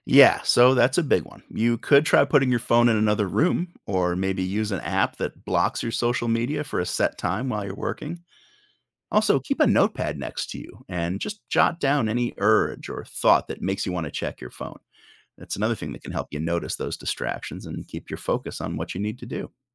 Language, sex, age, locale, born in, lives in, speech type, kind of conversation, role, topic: English, male, 45-49, en-US, United States, United States, read, expert-assistant, expert, What are some strategies for overcoming procrastination?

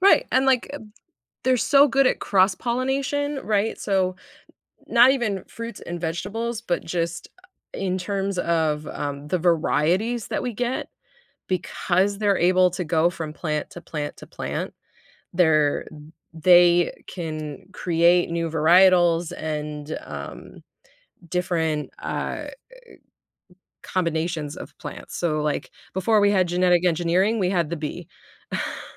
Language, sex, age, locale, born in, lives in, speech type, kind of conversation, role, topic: English, female, 30-34, en-US, United States, United States, conversation, podcast, guest, How do bees help maintain healthy ecosystems and support our food supply?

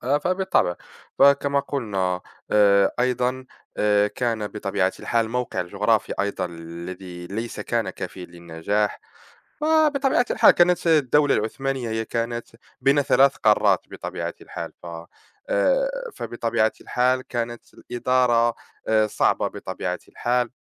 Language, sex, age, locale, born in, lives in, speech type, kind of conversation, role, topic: Arabic, male, 20-24, ar-MA, Morocco, France, conversation, unstructured, none, إيه الدروس اللي ممكن نتعلمها من سقوط الدولة العثمانية؟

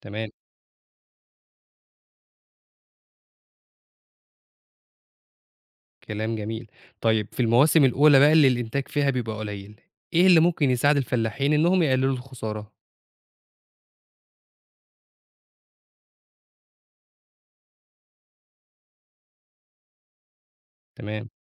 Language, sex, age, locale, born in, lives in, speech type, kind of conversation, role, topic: Arabic, male, 25-29, ar-EG, Egypt, Egypt, read, expert-assistant, asker, فيه ناس بتقول الزراعة العضوية مش دايمًا مستدامة، وناس تانية بتقول العكس، فين الحقيقة؟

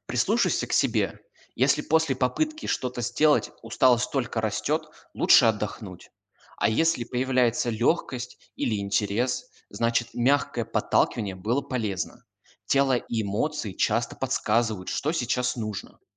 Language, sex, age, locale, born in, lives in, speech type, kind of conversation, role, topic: Russian, male, 20-24, ru-RU, Russia, Germany, read, expert-assistant, expert, Что важнее для меня сейчас: спокойствие или достижение целей?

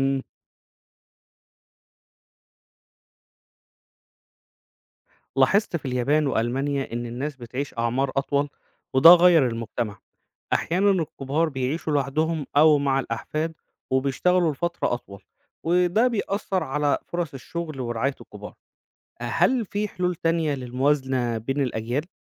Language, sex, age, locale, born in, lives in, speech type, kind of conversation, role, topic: Arabic, male, 35-39, ar-EG, Egypt, Egypt, read, expert-assistant, asker, لو فجأة ظهر دواء جديد بيلغي كل الأمراض المزمنة زي السكر والضغط، إزاي ممكن ده يغيّر شكل الصحة العامة والمجتمع؟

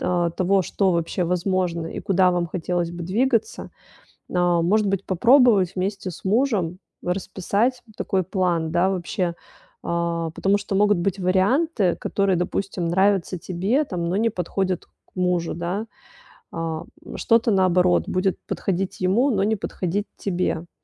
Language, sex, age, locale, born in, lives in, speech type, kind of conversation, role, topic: Russian, female, 35-39, ru-RU, Russia, Italy, conversation, advice, advisor, Как мне превратить чувство сожаления в полезные действия?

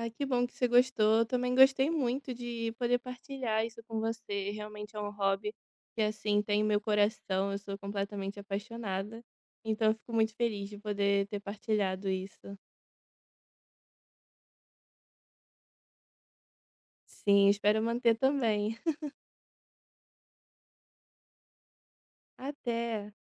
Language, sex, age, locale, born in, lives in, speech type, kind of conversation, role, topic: Portuguese, female, 25-29, pt-BR, Brazil, Italy, conversation, podcast, guest, Qual hobby faz você perder a noção do tempo?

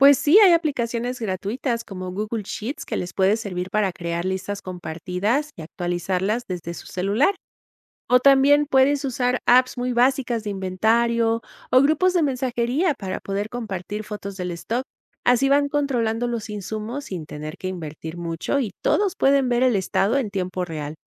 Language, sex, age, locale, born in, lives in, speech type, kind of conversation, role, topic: Spanish, female, 50-54, es-MX, Mexico, Mexico, read, expert-assistant, expert, ¿Qué soluciones creativas podrías usar para que todo funcione bien en una clínica con poco presupuesto?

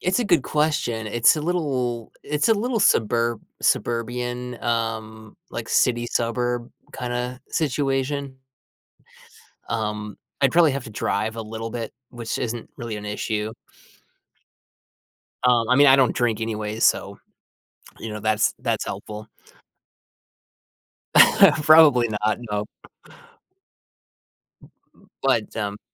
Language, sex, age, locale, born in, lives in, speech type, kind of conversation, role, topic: English, male, 30-34, en-US, United States, United States, conversation, advice, user, How can I manage my nerves about moving?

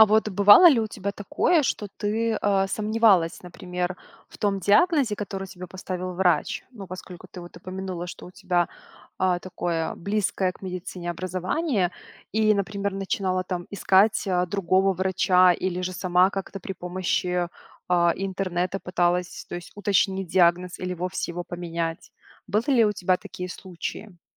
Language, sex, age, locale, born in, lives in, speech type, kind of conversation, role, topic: Russian, female, 25-29, ru-RU, Belarus, Italy, conversation, podcast, host, Как понять, что пора обращаться к врачу, а не искать диагноз в интернете?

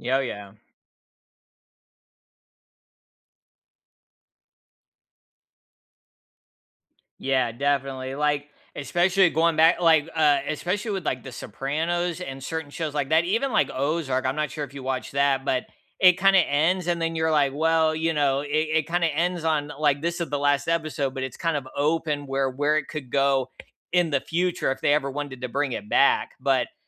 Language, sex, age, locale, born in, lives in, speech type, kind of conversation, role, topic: English, male, 35-39, en-US, United States, United States, conversation, unstructured, none, What TV shows do you binge-watch without feeling guilty, and what keeps you hooked?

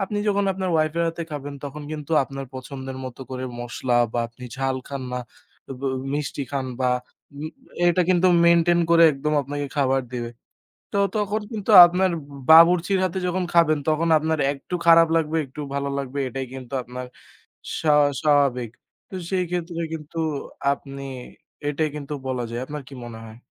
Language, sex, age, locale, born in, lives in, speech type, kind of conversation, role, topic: Bengali, male, 20-24, bn-BD, Bangladesh, Bangladesh, conversation, unstructured, none, দৈনন্দিন খাবারে একঘেয়েমি কেন আপনার বিরক্তি বাড়ায়?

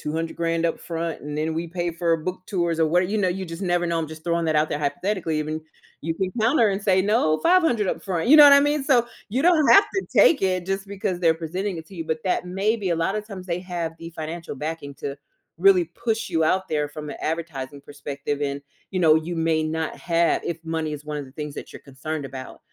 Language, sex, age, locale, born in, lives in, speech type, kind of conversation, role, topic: English, female, 35-39, en-US, United States, United States, conversation, podcast, host, Why do some ambitions make us feel both nervous and inspired?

